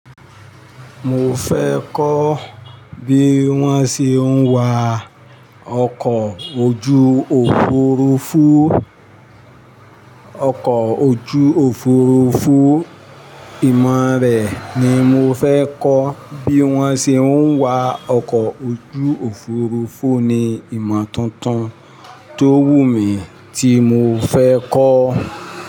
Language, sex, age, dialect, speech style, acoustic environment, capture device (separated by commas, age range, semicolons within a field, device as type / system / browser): Yoruba, male, 45-54, Nigeria - Ibadan, happy, traffic, mobile / Linux / Chrome